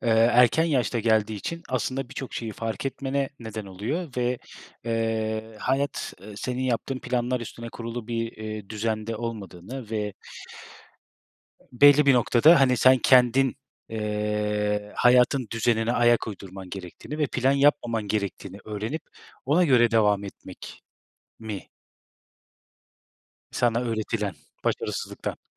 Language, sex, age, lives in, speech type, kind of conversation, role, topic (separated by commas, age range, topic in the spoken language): Turkish, male, 30-34, Germany, conversation, podcast, host, Başarısızlıklar öğrenme sürecini nasıl etkiledi?